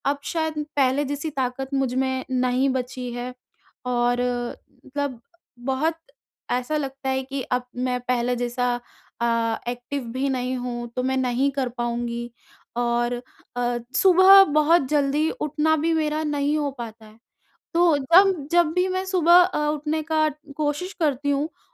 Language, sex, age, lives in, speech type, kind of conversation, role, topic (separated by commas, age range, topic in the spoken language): Hindi, female, 20-24, India, conversation, advice, user, मैं सुरक्षित और स्थिर तरीके से अपनी फिटनेस दिनचर्या फिर से कैसे शुरू करूँ?